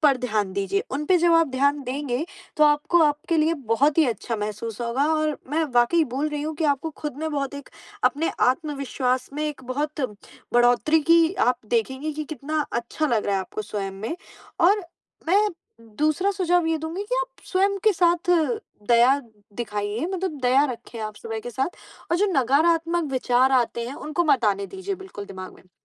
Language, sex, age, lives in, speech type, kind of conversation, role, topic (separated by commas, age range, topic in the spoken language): Hindi, female, 20-24, India, conversation, advice, advisor, ब्रेकअप के बाद मैं अपना आत्मसम्मान और आत्मविश्वास कैसे वापस पा सकता/सकती हूँ?